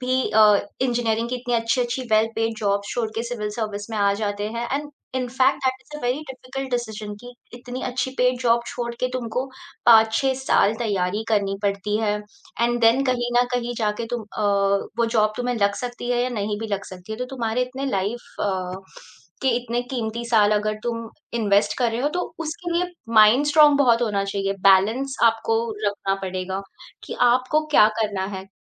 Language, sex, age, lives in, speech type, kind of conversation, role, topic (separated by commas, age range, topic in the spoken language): Hindi, female, 30-34, India, conversation, podcast, guest, Job chhodne ka faisla karne se pehle tum kya-kya sochte ho?